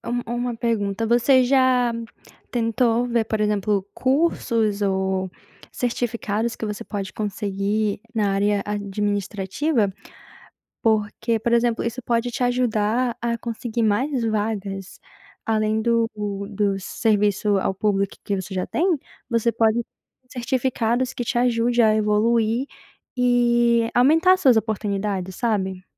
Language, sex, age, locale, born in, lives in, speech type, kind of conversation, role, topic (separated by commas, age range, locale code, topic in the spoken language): Portuguese, female, 20-24, pt-BR, Brazil, United States, conversation, advice, advisor, Por que você quer mudar de carreira para algo mais significativo?